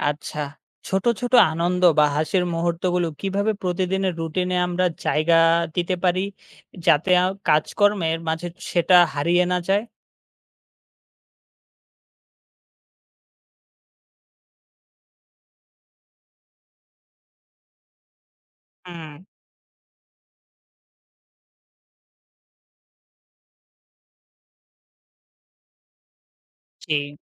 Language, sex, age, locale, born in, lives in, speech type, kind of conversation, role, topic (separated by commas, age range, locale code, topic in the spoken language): Bengali, male, 20-24, bn-BD, Bangladesh, Bangladesh, read, expert-assistant, asker, পর্যাপ্ত হাসি ও আনন্দের গুরুত্ব কী